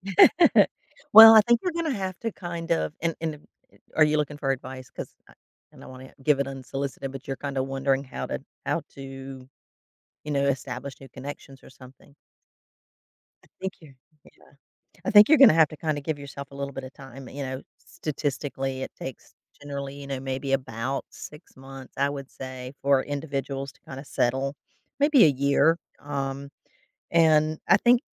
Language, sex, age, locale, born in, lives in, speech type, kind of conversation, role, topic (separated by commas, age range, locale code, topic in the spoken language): English, female, 55-59, en-US, United States, United States, conversation, advice, advisor, How can I make the most of moving to a new city?